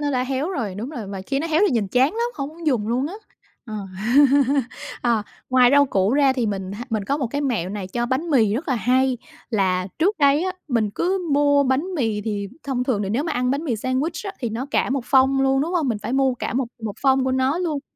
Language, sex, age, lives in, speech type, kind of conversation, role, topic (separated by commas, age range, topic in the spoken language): Vietnamese, female, 35-39, Vietnam, conversation, podcast, guest, Bạn làm thế nào để giảm lãng phí thức ăn mỗi tuần?